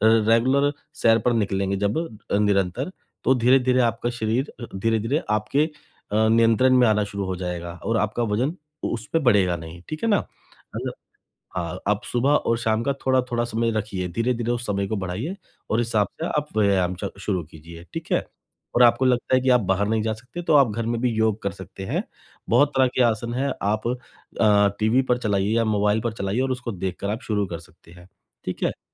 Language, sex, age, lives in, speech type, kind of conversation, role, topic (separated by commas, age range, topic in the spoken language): Hindi, male, 35-39, India, conversation, advice, advisor, मैं प्रसंस्कृत खाद्य पदार्थों का सेवन कम करने के लिए क्या शुरू करूँ?